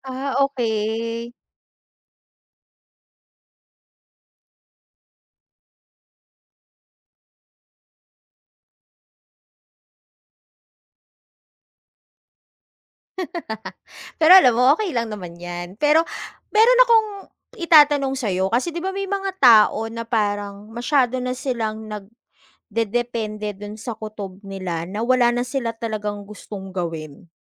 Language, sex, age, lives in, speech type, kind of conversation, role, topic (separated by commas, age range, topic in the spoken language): Filipino, female, 35-39, Philippines, conversation, podcast, host, May kuwento ka ba tungkol sa pagkakataong sinunod mo ang kutob mo?